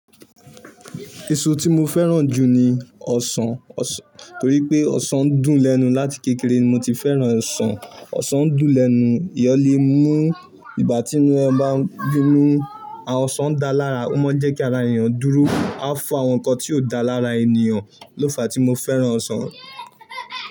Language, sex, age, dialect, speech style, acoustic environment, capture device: Yoruba, male, 18-24, Nigeria - Ibadan, excited, silence, mobile / Linux / Chrome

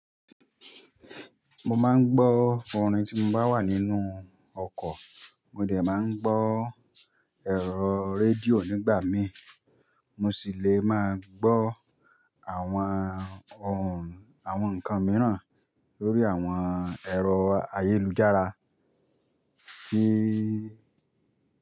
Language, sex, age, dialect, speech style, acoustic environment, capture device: Yoruba, male, 25-34, Nigeria - Nigerian Standard, relaxed, nature, mobile / Linux / Chrome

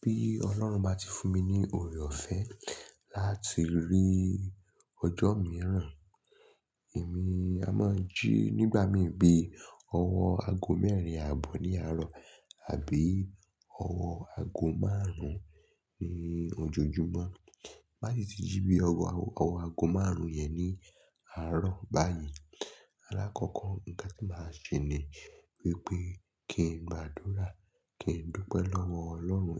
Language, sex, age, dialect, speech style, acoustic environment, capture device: Yoruba, male, 25-34, Nigeria - Lagos Yoruba, focused; excited; relaxed, traffic; silence; nature, mobile / Linux / Chrome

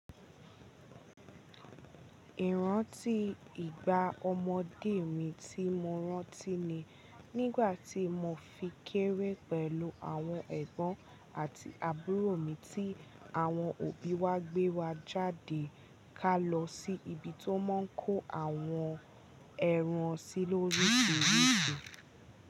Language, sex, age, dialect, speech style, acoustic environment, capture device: Yoruba, female, 18-24, Nigeria - Ibadan, happy, nature, mobile / Linux / Chrome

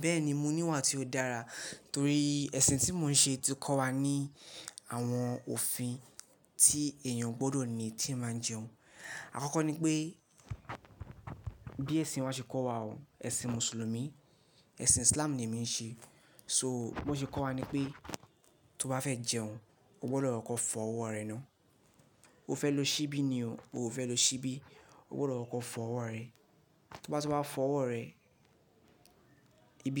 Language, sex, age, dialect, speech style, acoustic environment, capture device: Yoruba, male, 18-24, Nigeria - Lagos Yoruba, relaxed, nature; silence, mobile / Linux / Chrome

